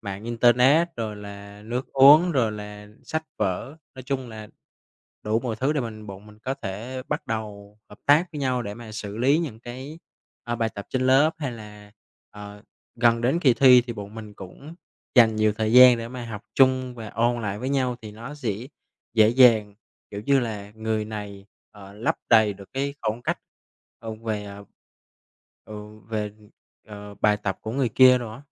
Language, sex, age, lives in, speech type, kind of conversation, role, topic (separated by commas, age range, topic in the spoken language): Vietnamese, male, 25-29, Vietnam, conversation, podcast, guest, Bạn thích học nhóm hay học một mình, và vì sao?